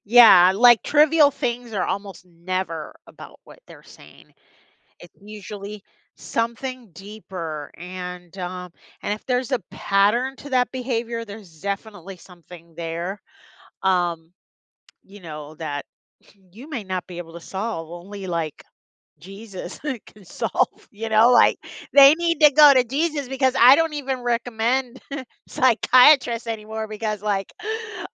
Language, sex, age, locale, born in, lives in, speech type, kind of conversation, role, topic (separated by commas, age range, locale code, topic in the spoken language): English, female, 50-54, en-US, United States, United States, conversation, unstructured, none, What are some common reasons couples argue and how can they resolve conflicts more effectively?